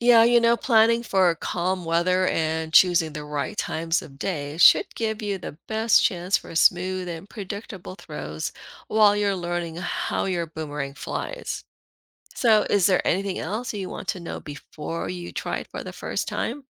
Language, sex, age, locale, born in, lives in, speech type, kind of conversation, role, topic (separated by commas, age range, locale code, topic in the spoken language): English, female, 50-54, en-US, Philippines, United States, read, expert-assistant, expert, How does a boomerang come back when thrown?